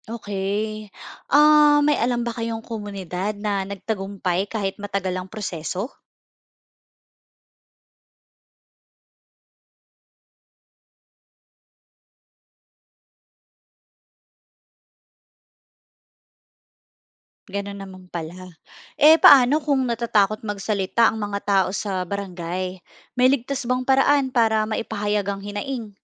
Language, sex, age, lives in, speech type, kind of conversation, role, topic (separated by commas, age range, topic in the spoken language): Filipino, female, 35-39, Philippines, read, expert-assistant, asker, Bakit kaya minsan parang hindi gumagana nang maayos ang gobyerno? Anong mga senyales ang dapat bantayan ng mga mamamayan?